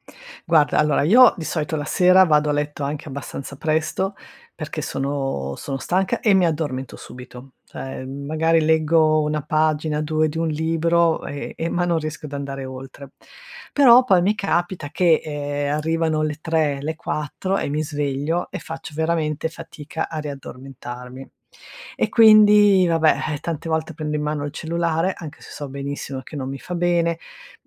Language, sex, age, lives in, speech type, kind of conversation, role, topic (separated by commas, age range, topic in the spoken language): Italian, female, 55-59, Italy, conversation, advice, user, Come posso creare una routine mattutina per ridurre lo stress e prepararmi al meglio alla giornata?